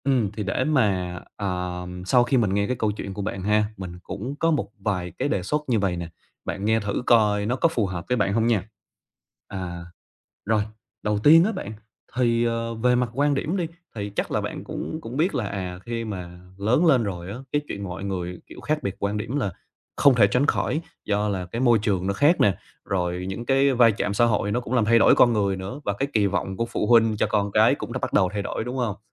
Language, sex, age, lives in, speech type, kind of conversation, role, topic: Vietnamese, male, 25-29, Vietnam, conversation, advice, advisor, Bạn nên làm gì khi cảm thấy căng thẳng vì nhóm bạn có quan điểm trái ngược?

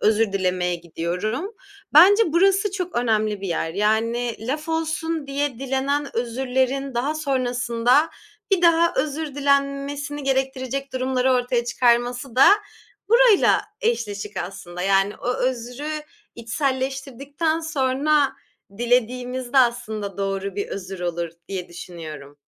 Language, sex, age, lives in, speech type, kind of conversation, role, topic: Turkish, female, 25-29, Germany, conversation, podcast, guest, Ağır sözler söyledikten sonra bir ilişkiyi onarmak için ne yaparsın?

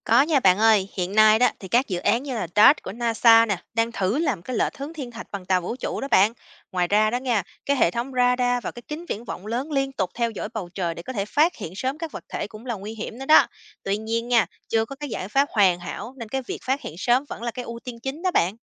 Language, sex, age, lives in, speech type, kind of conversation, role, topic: Vietnamese, female, 30-34, United States, read, expert-assistant, expert, Có cách nào để phòng tránh những tác động nguy hiểm từ thiên thạch đến Trái Đất không?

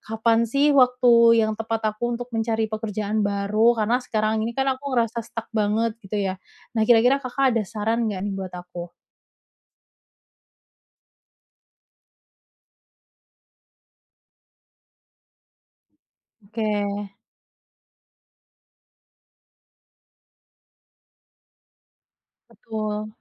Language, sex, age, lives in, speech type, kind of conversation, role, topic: Indonesian, female, 30-34, Indonesia, conversation, advice, user, Kapan waktu yang tepat untuk mencari pekerjaan baru?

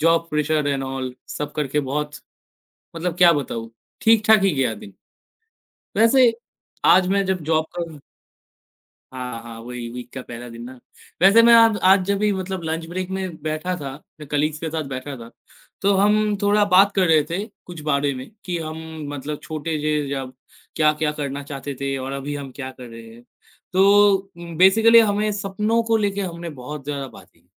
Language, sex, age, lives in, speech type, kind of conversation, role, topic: Hindi, male, 18-19, India, conversation, unstructured, none, आप अपने सपनों को पूरा करने के लिए क्या-क्या त्याग कर सकते हैं?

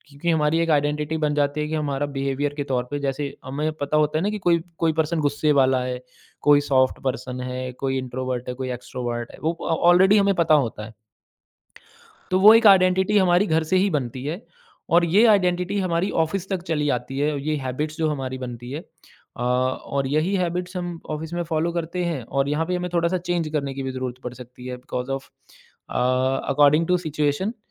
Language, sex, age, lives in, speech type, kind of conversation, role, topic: Hindi, male, 35-39, India, conversation, podcast, guest, Family aur job se tumhari identity kaise mix hoti hai?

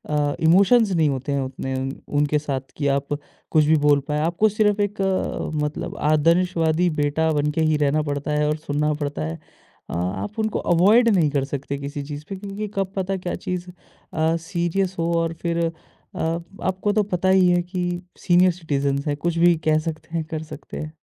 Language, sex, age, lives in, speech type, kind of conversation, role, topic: Hindi, male, 25-29, India, conversation, podcast, guest, Kisi ki baat ko bina judge kiye dhyaan se kaise suna jaa sakta hai?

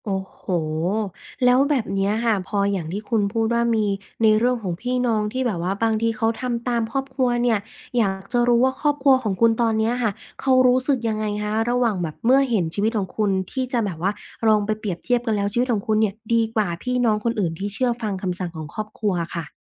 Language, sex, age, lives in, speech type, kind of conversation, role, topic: Thai, female, 25-29, Thailand, conversation, podcast, host, จะทำอย่างไรเมื่อสัญชาตญาณของคุณขัดแย้งกับความคาดหวังของครอบครัว?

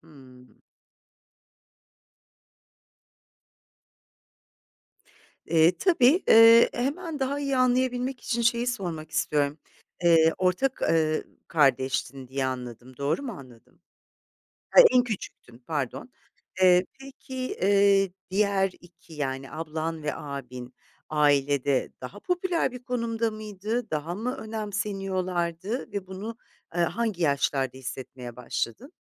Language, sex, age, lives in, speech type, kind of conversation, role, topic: Turkish, female, 50-54, Italy, conversation, advice, advisor, Ailen içinde ayrımcılık ve kayırılma duygusunu nasıl yaşıyorsun?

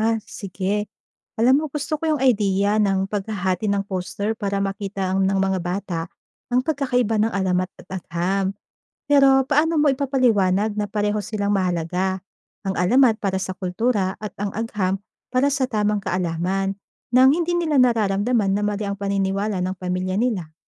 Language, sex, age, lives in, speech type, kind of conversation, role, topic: Filipino, female, 30-34, Philippines, read, expert-assistant, asker, Paano kaya natin mapapagsama ang tradisyonal na kwento ng mga ninuno at modernong agham para mas maintindihan ang pinagmulan ng mga bulkan?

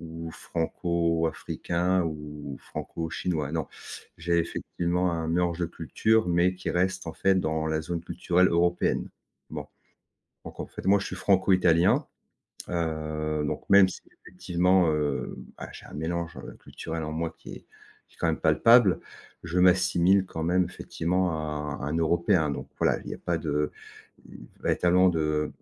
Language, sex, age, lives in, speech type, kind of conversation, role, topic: French, male, 50-54, France, conversation, podcast, guest, En quoi ton identité est-elle un mélange de plusieurs cultures ?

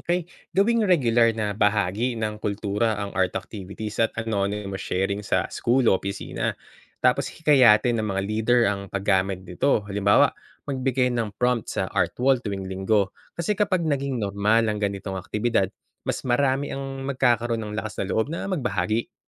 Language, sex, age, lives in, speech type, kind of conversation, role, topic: Filipino, male, 35-39, Philippines, read, expert-assistant, expert, Paano makakatulong ang pag-unawa sa ebolusyon sa pagharap ko sa pagbabago sa buhay?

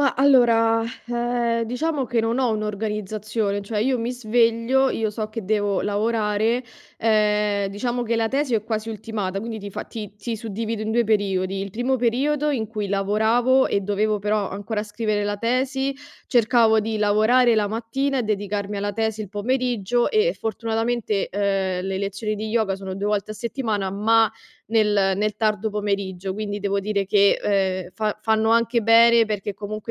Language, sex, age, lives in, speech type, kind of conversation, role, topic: Italian, female, 25-29, Italy, conversation, advice, user, Come posso gestire l’affaticamento mentale e fisico e ritrovare chiarezza e concentrazione?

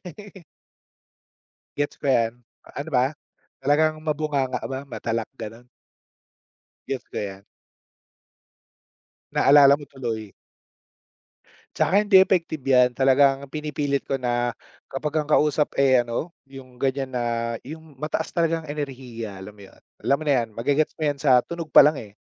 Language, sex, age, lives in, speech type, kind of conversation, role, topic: Filipino, male, 30-34, Philippines, conversation, unstructured, none, Paano mo nilulutas ang hindi pagkakaunawaan sa kaibigan at ano ang ginagawa mo kapag may away sa pamilya?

